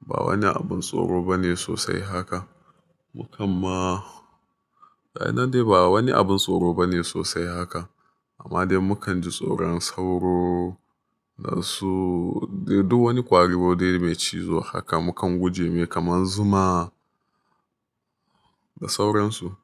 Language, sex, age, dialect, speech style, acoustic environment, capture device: Hausa, male, 25-34, Nigeria - Nigerian Standard, happy, silence, mobile / Linux / Chrome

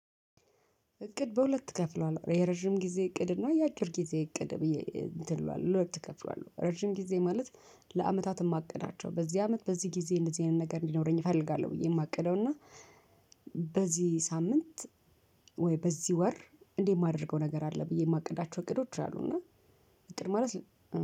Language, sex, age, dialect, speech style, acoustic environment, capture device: Amharic, female, 35-44, Ethiopia - Addis Ababa, nervous, traffic; people talking, mobile / Linux / Chrome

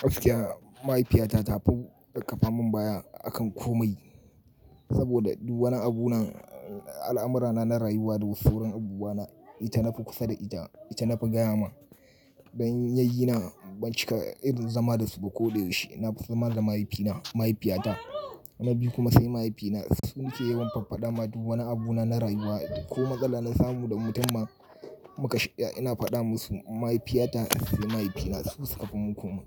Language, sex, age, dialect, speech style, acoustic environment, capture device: Hausa, male, 35-44, Nigeria - Kano, relaxed, nature, mobile / Linux / Chrome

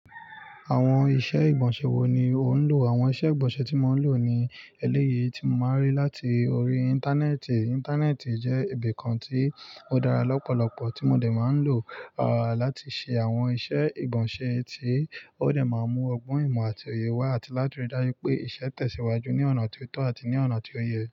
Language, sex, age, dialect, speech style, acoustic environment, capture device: Yoruba, male, 25-34, Nigeria - Lagos Yoruba, focused, nature, mobile / Linux / Chrome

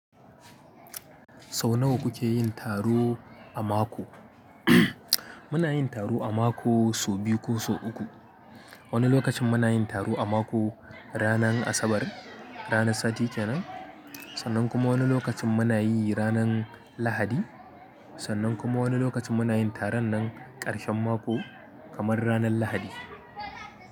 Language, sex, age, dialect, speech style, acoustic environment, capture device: Hausa, male, 25-34, Nigeria - Kano, tired; focused; happy, people talking, mobile / Linux / Chrome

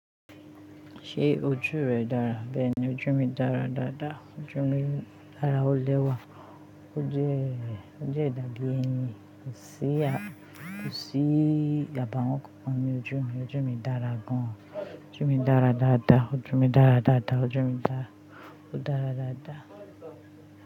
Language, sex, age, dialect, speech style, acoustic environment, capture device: Yoruba, female, 25-34, Nigeria - Ijebu, happy, people talking, mobile / Linux / Chrome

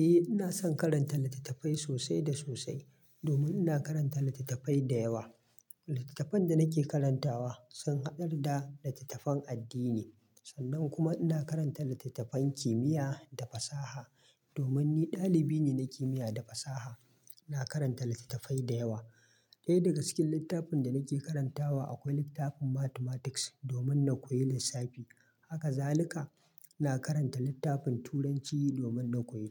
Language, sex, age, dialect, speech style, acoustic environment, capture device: Hausa, male, 25-34, Nigeria - Kano, happy; relaxed; excited; focused, silence, mobile / Linux / Chrome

